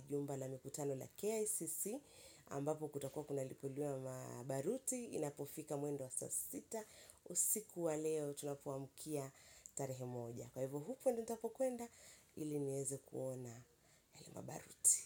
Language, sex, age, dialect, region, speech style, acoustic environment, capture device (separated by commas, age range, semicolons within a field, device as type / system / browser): Swahili, female, 25-34, Kenya - Mombasa, Kenya, happy; excited; relaxed, nature; silence, mobile / Linux / Chrome